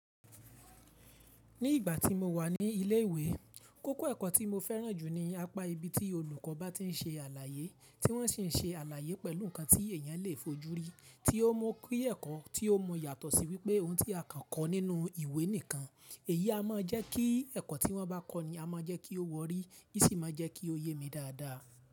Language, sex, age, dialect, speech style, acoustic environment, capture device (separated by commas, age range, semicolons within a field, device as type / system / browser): Yoruba, male, 25-34, Nigeria - Ibadan, relaxed, people talking; appliances, mobile / Linux / Chrome